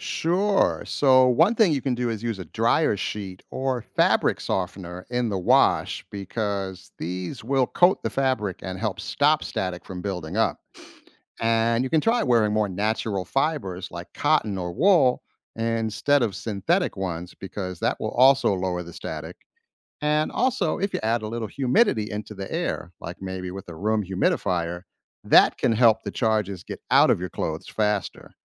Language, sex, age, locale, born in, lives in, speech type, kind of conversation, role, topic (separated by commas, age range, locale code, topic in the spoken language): English, male, 50-54, en-US, United States, United States, read, expert-assistant, expert, What is static electricity and why does it make your hair stand up?